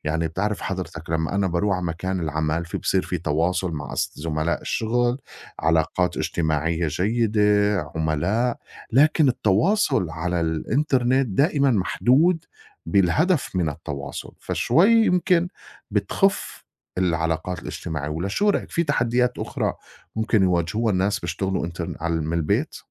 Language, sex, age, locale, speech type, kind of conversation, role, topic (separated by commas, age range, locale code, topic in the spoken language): Arabic, male, 40-44, ar-SY, conversation, unstructured, none, هل إنت شايف إن الشغل عن بُعد مفيد ولا مضر؟